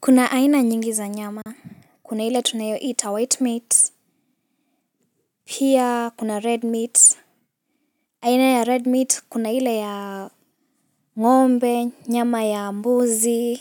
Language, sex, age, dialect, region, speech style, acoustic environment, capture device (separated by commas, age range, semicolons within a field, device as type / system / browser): Swahili, female, 18-24, Kenya - Mombasa, Kenya, focused, nature, mobile / Linux / Chrome